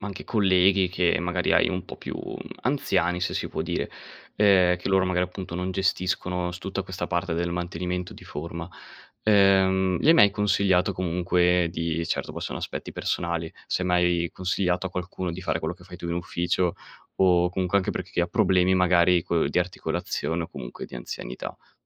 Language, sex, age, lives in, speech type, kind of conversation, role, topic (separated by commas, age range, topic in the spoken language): Italian, male, 20-24, Italy, conversation, podcast, host, Cosa fai per restare attivo senza andare in palestra?